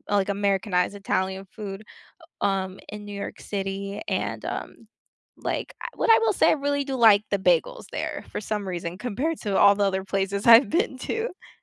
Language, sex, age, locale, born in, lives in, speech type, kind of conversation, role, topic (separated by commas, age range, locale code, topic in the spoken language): English, female, 25-29, en-US, United States, United States, conversation, unstructured, none, What is the most unforgettable street food you have tried while traveling, and what is the story behind it?